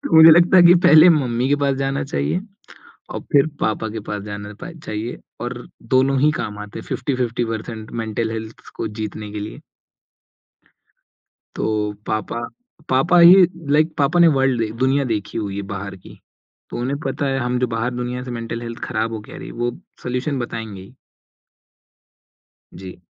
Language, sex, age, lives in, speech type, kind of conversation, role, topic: Hindi, male, 20-24, India, conversation, podcast, guest, Family mein mental health ko lekar nazariya peedhi dar peedhi kaise badla?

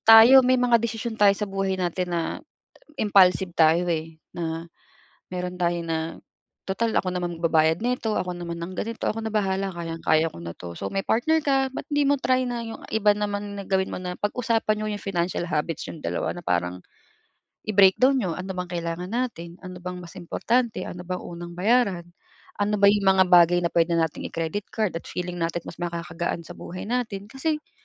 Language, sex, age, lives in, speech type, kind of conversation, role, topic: Filipino, female, 35-39, Philippines, conversation, advice, advisor, Paano ko haharapin ang biglaang lumaking utang sa aking credit card dahil sa labis na paggastos?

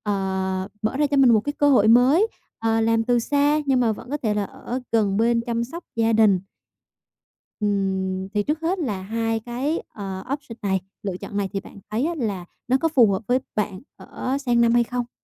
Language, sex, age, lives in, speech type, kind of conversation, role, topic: Vietnamese, female, 25-29, Vietnam, conversation, advice, advisor, Tôi nên rời công ty này hay ở lại để tiếp tục phát triển?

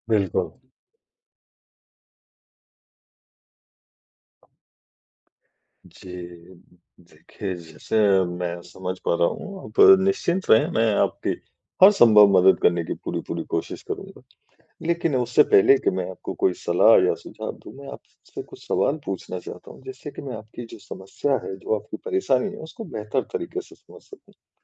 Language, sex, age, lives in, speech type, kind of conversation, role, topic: Hindi, male, 40-44, India, conversation, advice, advisor, मैं अनिश्चितता के समय शांत और सहज कैसे रह सकता/सकती हूँ?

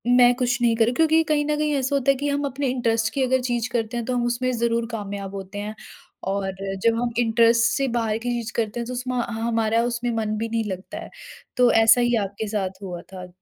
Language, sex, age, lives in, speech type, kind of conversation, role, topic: Hindi, female, 20-24, India, conversation, podcast, host, Jab family ka pressure ho, toh aap decisions kaise lete ho?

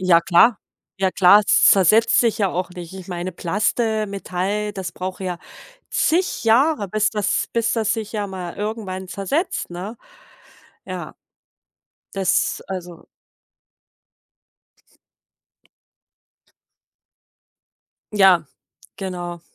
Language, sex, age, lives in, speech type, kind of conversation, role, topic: German, female, 40-44, Germany, conversation, podcast, guest, Wie bringt dich die Natur wieder zur Ruhe?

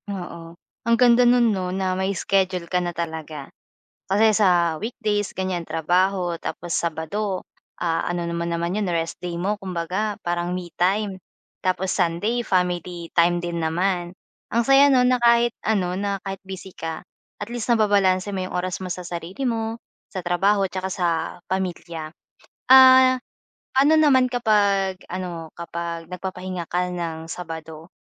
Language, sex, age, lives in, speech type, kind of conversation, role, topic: Filipino, female, 25-29, Philippines, conversation, podcast, host, Paano mo pinoprotektahan ang oras mo para mag-isa at mag-isip?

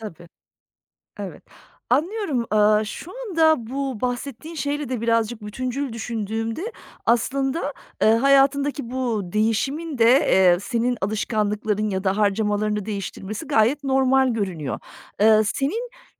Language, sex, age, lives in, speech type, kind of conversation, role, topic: Turkish, female, 40-44, Germany, conversation, advice, advisor, Eğlence ve hobiler için harcama yaparken neden suçluluk ve pişmanlık hissediyorum?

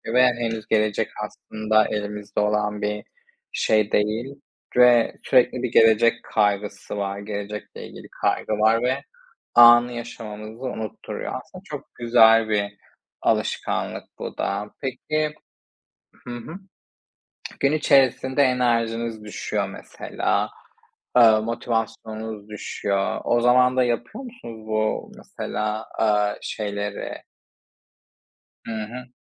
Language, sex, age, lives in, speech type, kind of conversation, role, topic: Turkish, male, 25-29, Spain, conversation, unstructured, none, Güne başlarken en sevdiğin alışkanlık nedir?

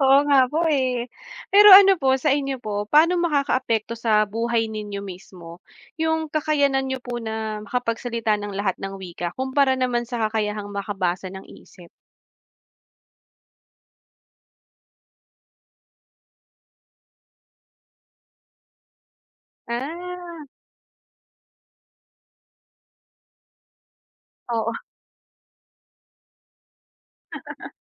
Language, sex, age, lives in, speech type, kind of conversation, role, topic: Filipino, female, 30-34, Philippines, conversation, unstructured, none, Mas gugustuhin mo bang makapagsalita ng lahat ng wika o makabasa ng isip?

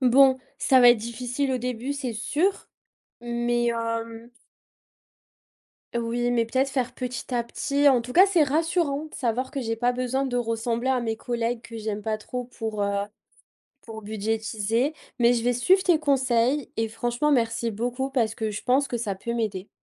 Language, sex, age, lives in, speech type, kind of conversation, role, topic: French, female, 25-29, France, conversation, advice, user, Pourquoi je n’arrive pas à respecter mon budget mensuel ?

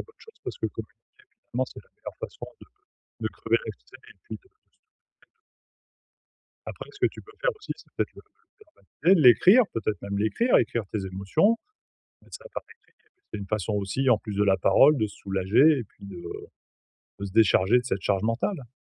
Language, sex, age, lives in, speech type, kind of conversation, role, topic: French, male, 45-49, France, conversation, advice, advisor, Comment gérer mes réactions émotionnelles face à des critiques inutiles ?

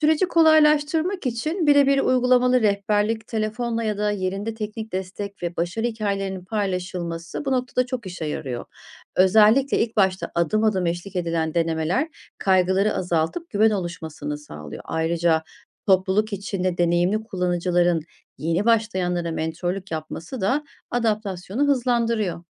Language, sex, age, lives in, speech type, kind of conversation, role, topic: Turkish, female, 40-44, Germany, read, expert-assistant, expert, Tarımda yapay zekâ ve otomasyonun artması, çiftçiliğin ve insan emeğinin anlamını nasıl değiştiriyor?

